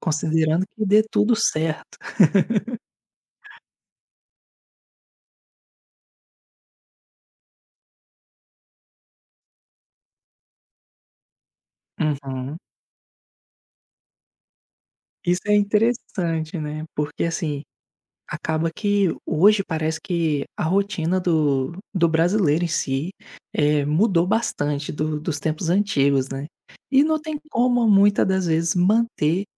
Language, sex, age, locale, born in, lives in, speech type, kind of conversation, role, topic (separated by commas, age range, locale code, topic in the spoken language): Portuguese, male, 25-29, pt-BR, Brazil, Spain, conversation, podcast, host, Tem alguma receita de família que marcou a sua infância?